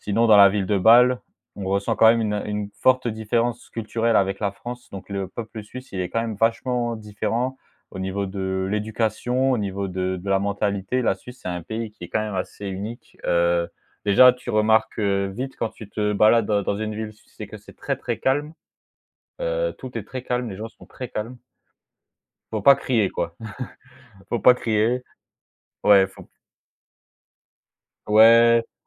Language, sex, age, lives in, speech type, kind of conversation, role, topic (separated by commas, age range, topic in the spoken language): French, male, 20-24, France, conversation, podcast, guest, Quel petit coin caché mériterait d’être mieux connu ?